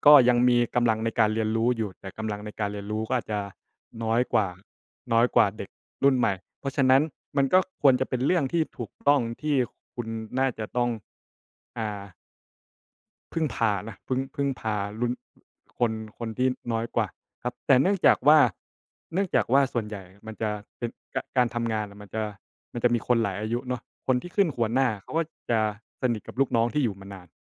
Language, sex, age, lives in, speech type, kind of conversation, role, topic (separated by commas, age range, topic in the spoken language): Thai, male, 25-29, Thailand, conversation, unstructured, none, คุณคิดอย่างไรกับการทำงานหนักแต่ไม่ได้รับความเป็นธรรม?